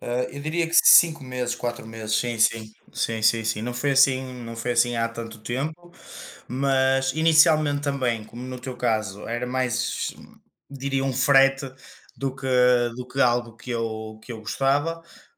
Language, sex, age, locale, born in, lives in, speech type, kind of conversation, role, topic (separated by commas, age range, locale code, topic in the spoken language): Portuguese, male, 25-29, pt-PT, Portugal, Portugal, conversation, unstructured, none, Você já mudou algo em você para se sentir melhor?